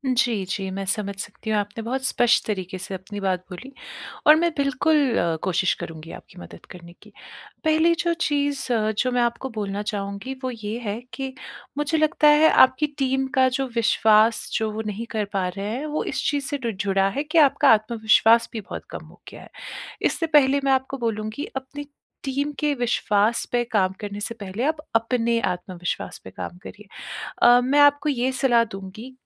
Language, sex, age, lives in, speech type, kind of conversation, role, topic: Hindi, female, 30-34, India, conversation, advice, advisor, मैं अपनी टीम में उच्च प्रदर्शन और विश्वास कैसे बनाऊँ?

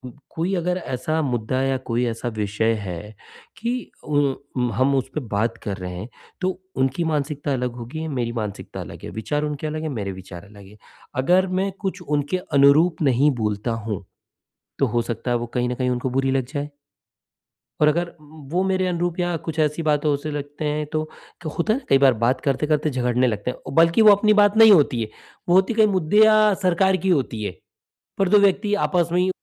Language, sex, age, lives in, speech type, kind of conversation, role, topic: Hindi, male, 45-49, India, conversation, advice, user, जब दुनिया बदलती हुई लगे, तो मैं असुरक्षा और अनिश्चितता के बीच खुद को सुरक्षित कैसे रखूँ?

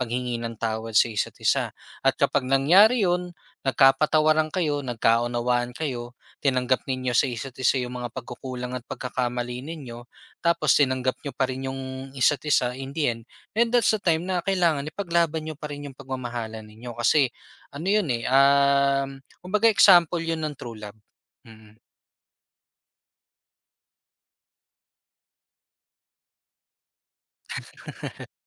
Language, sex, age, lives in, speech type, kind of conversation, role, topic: Filipino, male, 25-29, Philippines, conversation, podcast, guest, Paano mo pinipili kung mananatili ka o aalis ka sa isang relasyon?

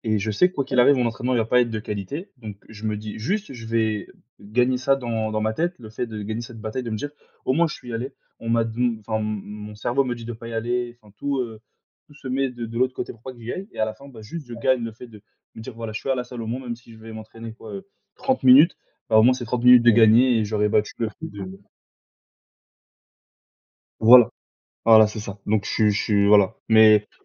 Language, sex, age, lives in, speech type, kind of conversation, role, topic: French, male, 20-24, France, conversation, unstructured, none, Qu’est-ce qui te motive à faire du sport régulièrement ?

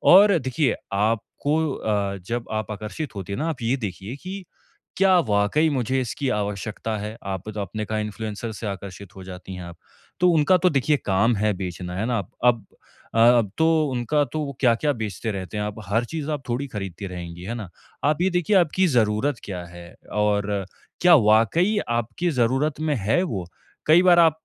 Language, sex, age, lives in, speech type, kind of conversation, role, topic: Hindi, male, 25-29, India, conversation, advice, advisor, मैं सामाजिक तुलना के कारण होने वाले फालतू खर्च को कैसे नियंत्रित करूँ?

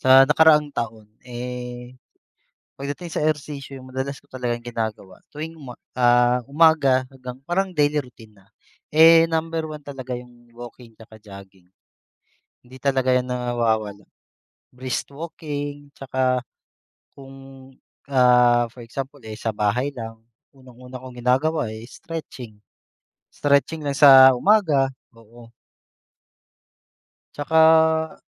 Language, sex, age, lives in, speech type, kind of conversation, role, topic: Filipino, male, 30-34, Philippines, conversation, unstructured, none, Anong uri ng ehersisyo ang madalas mong ginagawa?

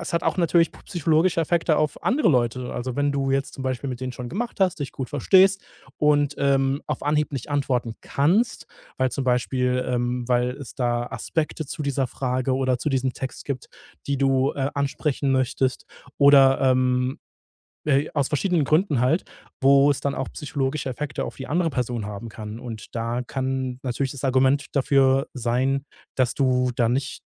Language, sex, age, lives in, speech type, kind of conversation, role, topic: German, male, 30-34, Germany, conversation, podcast, guest, Was hältst du von Lesebestätigungen und dem „gelesen“-Status?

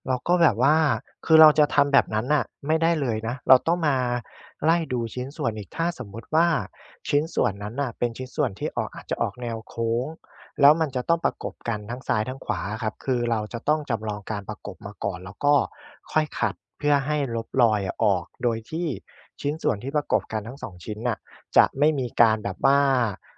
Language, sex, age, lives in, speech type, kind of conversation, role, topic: Thai, male, 25-29, Thailand, conversation, podcast, guest, คุณชอบทำอะไรมากที่สุดในเวลาว่าง ช่วยเล่าให้ฟังหน่อยได้ไหม?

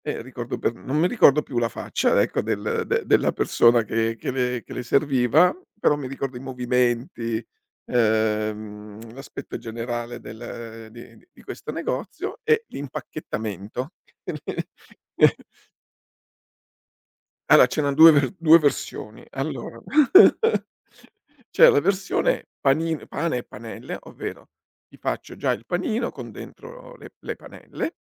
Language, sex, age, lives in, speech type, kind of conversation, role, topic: Italian, male, 60-64, Italy, conversation, podcast, guest, Qual è un cibo di strada che porti nel cuore?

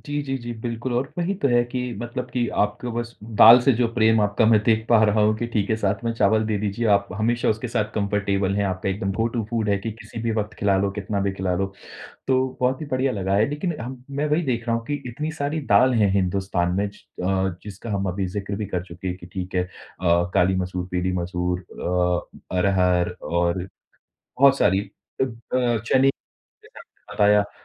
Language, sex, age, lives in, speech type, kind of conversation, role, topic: Hindi, male, 30-34, India, conversation, podcast, host, Kya tum daal-chawal ko apna comfort food maante ho, aur kyun?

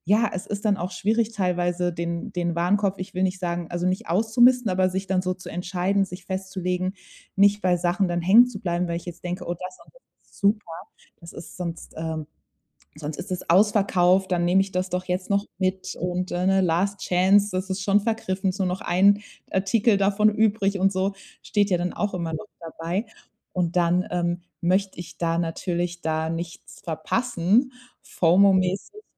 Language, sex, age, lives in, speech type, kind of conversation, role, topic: German, female, 30-34, Germany, conversation, advice, user, Wie kann ich sinnvoll entscheiden, wenn mich Angebote und Kaufentscheidungen überwältigen?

